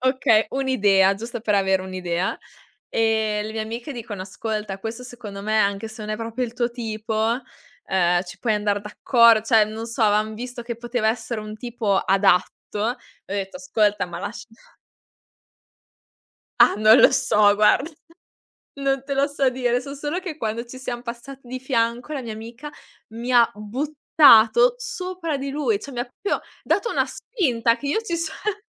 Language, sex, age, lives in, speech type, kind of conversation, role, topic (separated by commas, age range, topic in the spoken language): Italian, female, 20-24, Italy, conversation, podcast, guest, Come è cambiato un tuo viaggio grazie all’incontro con una sola persona?